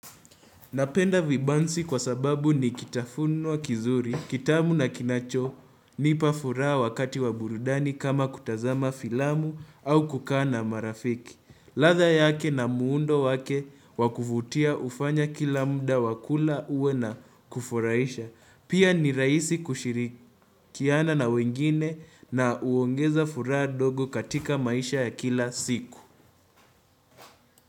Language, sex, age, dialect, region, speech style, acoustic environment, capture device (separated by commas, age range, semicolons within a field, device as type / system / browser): Swahili, male, 18-24, Kenya - Nairobi Sheng-influenced, Kenya, focused, silence, desktop / Windows / Chrome